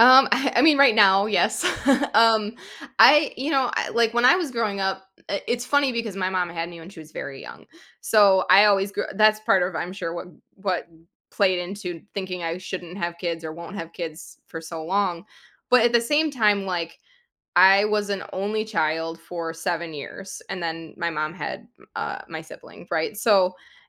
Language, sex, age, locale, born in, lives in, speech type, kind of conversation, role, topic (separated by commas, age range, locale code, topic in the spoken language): English, female, 35-39, en-US, United States, United States, conversation, advice, user, How can I cope with excitement and nervousness as I become a new parent?